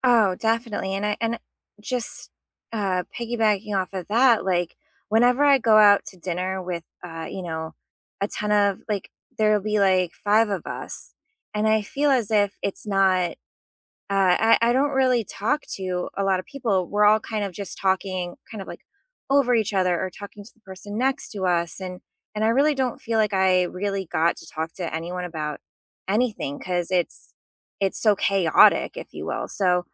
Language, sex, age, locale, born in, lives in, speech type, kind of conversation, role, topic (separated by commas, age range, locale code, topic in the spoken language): English, female, 35-39, en-US, United States, United States, conversation, unstructured, none, How do your love languages show up in everyday moments, and how can we support each other?